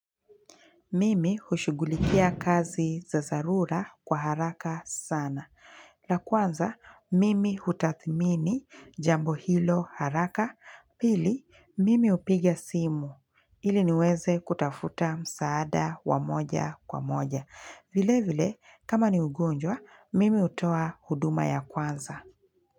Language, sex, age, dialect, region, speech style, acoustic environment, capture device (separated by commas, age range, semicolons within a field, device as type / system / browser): Swahili, female, 25-34, Kenya - Mombasa, Kenya, stressed, silence, mobile / Linux / Chrome